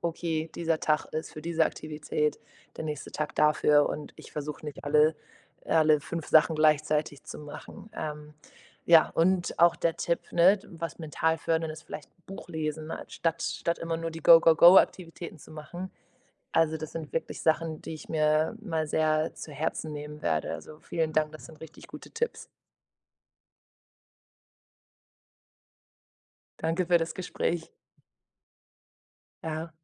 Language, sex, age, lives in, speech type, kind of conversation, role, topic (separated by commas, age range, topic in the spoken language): German, female, 25-29, Portugal, conversation, advice, user, Wie finde ich die richtige Balance zwischen Aktivität und Ruhe?